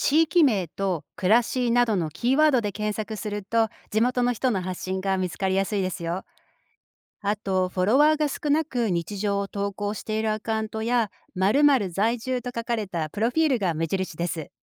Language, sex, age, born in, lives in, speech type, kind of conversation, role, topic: Japanese, female, 45-49, Japan, United States, read, expert-assistant, expert, 観光地の魅力を知るには、どんな情報源を参考にするとより本当の姿が分かりますか？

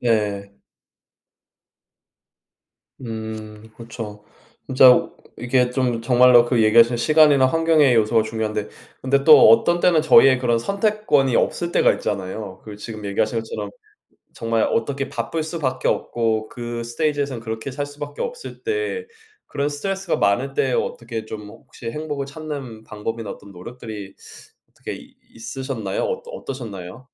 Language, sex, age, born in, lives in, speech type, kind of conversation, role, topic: Korean, male, 35-39, South Korea, United States, conversation, unstructured, none, 나를 행복하게 만드는 일은 무엇인가요?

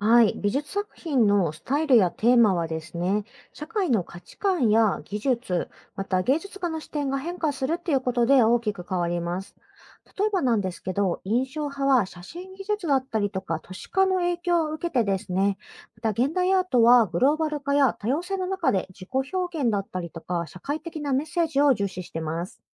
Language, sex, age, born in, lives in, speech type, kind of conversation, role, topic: Japanese, female, 30-34, Japan, Japan, read, expert-assistant, expert, なぜ美術作品は時代ごとにスタイルやテーマが大きく変化するのでしょうか？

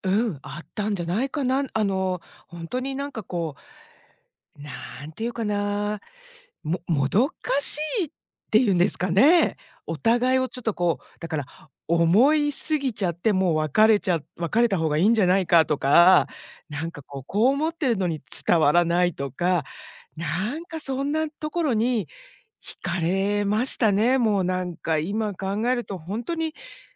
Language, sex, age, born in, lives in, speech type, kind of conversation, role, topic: Japanese, female, 50-54, Japan, United States, conversation, podcast, guest, 子どものころに夢中になって読んだマンガは何ですか？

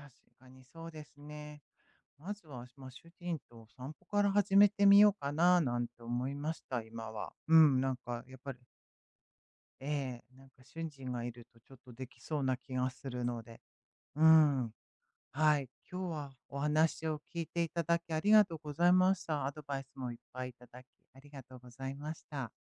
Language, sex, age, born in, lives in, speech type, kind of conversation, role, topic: Japanese, female, 55-59, Japan, United States, conversation, advice, user, 引っ越し先の新しい土地で友人や自分の居場所がなくて不安なとき、どうすればいいですか？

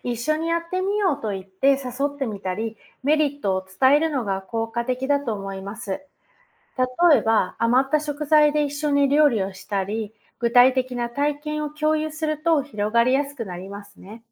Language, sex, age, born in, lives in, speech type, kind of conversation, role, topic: Japanese, female, 45-49, Japan, United States, read, expert-assistant, expert, 地球温暖化を食い止めるためのさまざまな方法には、どんな良い点と心配な点がありますか？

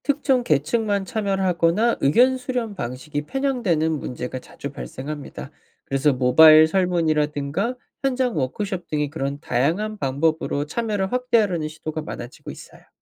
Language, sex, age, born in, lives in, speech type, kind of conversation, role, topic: Korean, male, 25-29, South Korea, United States, read, expert-assistant, expert, 개발도상국의 경제 성장을 위해 어떤 분야에 먼저 투자하는 게 좋을까요?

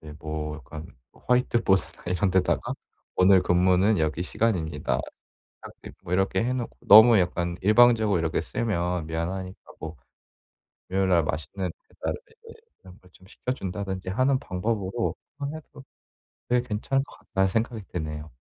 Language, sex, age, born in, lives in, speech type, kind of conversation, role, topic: Korean, male, 25-29, South Korea, South Korea, conversation, advice, user, 작업 흐름을 방해받지 않으려면 어떻게 하면 좋을까요?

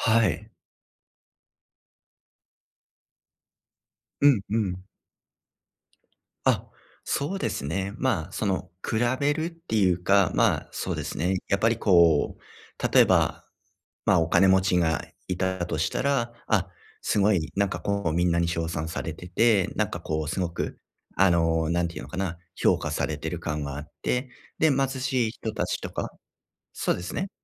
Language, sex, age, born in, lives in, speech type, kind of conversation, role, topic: Japanese, male, 35-39, Japan, Japan, conversation, advice, user, 周りの期待に振り回されずに、自分なりの成功の基準をどう定義すればよいですか？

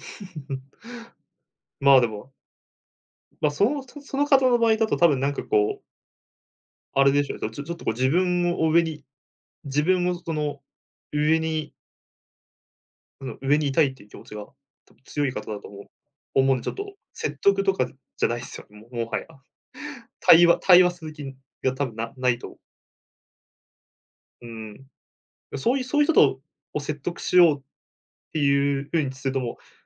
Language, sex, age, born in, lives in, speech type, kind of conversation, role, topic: Japanese, male, 20-24, Japan, Japan, conversation, unstructured, none, 誰かを説得するときに大切にしていることは何ですか？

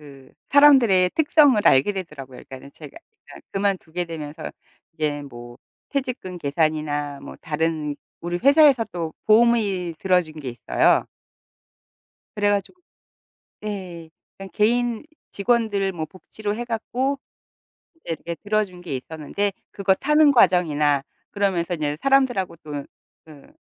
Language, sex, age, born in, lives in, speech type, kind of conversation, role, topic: Korean, female, 55-59, South Korea, United States, conversation, podcast, guest, 퇴사를 결심하게 된 계기는 무엇이었나요?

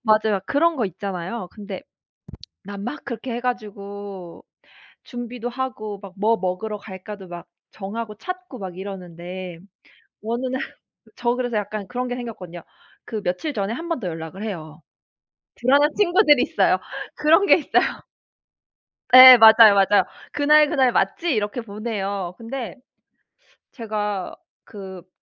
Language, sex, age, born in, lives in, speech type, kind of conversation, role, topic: Korean, female, 35-39, South Korea, United States, conversation, unstructured, none, 친구와의 약속을 자주 취소하면 어떤 기분이 드나요?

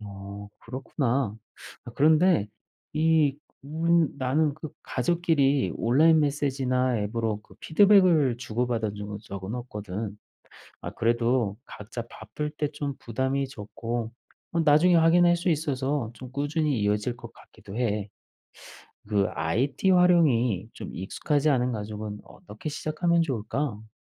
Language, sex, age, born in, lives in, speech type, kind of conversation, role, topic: Korean, male, 40-44, South Korea, Germany, read, expert-assistant, asker, 가축을 키울 때 일과를 더 알차게 짜려면 어떤 방법이 좋을까요?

